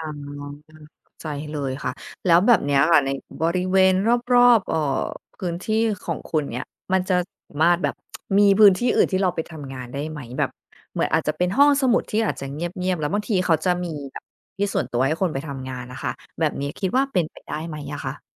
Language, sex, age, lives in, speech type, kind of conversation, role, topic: Thai, female, 35-39, United States, conversation, advice, advisor, จะทำอย่างไรเมื่อไม่มีพื้นที่เงียบหรือสภาพแวดล้อมที่เอื้อต่อการโฟกัส?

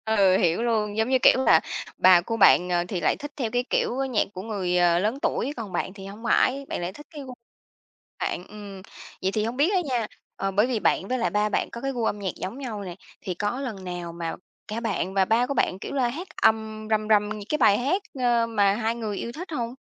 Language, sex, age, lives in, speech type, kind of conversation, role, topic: Vietnamese, female, 30-34, Vietnam, conversation, podcast, host, Gia đình đã ảnh hưởng đến gu âm nhạc của bạn như thế nào?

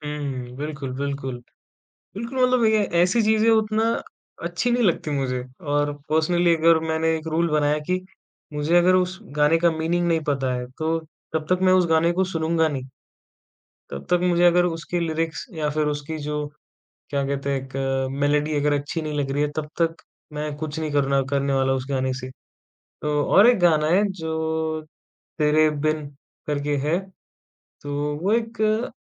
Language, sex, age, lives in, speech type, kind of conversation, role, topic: Hindi, male, 20-24, India, conversation, podcast, guest, Tumhari music taste par sabse zyada asar kis cheez ka hota hai?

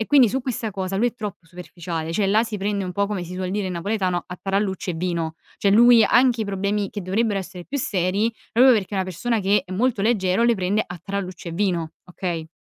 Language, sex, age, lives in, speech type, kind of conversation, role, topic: Italian, female, 20-24, Italy, conversation, podcast, guest, Hai mai incontrato qualcuno che ti ha fatto vedere il mondo diversamente?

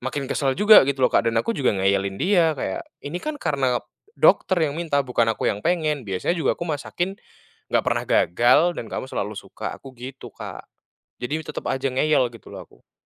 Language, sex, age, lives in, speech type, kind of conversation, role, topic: Indonesian, male, 20-24, Indonesia, conversation, advice, user, Bagaimana saya bisa menerima kritik tanpa menjadi defensif dan tetap belajar darinya?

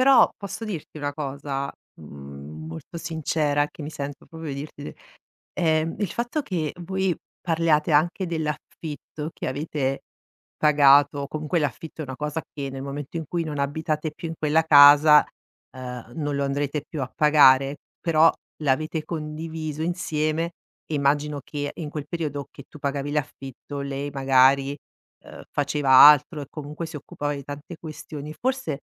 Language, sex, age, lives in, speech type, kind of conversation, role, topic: Italian, female, 45-49, Italy, conversation, advice, advisor, Come gestisci i contatti con il tuo ex per questioni pratiche, come affitto, bollette o beni in comune?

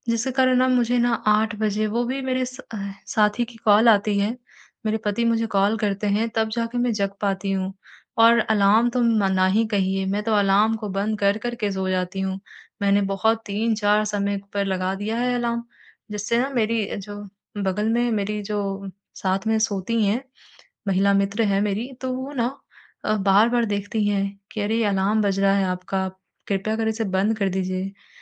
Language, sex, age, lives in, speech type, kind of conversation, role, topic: Hindi, female, 55-59, India, conversation, advice, user, तुरंत तनाव कम करने के लिए कौन-सी श्वसन तकनीक मदद करती है?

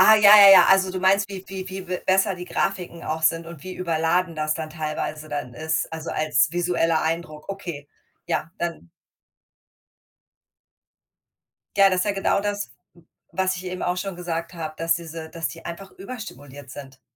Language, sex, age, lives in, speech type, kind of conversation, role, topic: German, female, 40-44, United States, conversation, unstructured, none, Wie viel Leistungsdruck ist in der Schule zu viel?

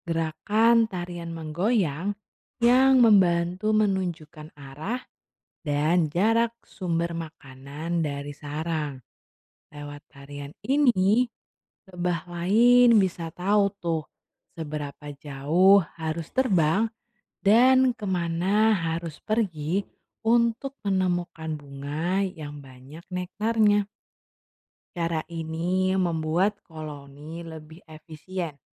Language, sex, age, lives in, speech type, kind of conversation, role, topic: Indonesian, female, 25-29, Indonesia, read, expert-assistant, expert, Bagaimana lebah bisa membuat madu?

